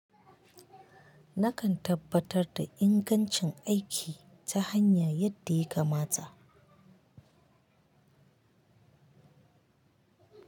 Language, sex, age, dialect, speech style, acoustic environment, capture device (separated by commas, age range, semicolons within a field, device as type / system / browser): Hausa, female, 25-34, Nigeria - Kano, anxious; nervous, nature, mobile / Linux / Chrome